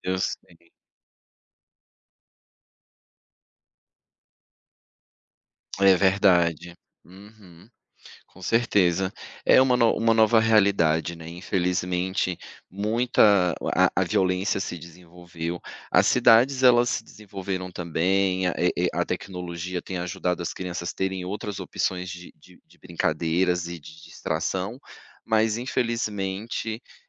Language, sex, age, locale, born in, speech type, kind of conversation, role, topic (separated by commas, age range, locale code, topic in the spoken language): Portuguese, male, 35-39, pt-BR, Brazil, conversation, podcast, host, Me conte uma brincadeira criativa que marcou a sua infância?